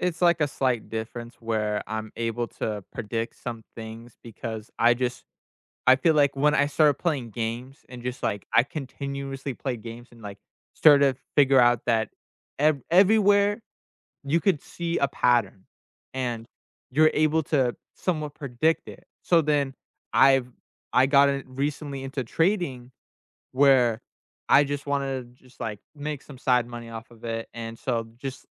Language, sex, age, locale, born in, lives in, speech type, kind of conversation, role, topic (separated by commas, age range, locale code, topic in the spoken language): English, male, 20-24, en-US, United States, United States, conversation, unstructured, none, What hobby surprised you by how much it taught you?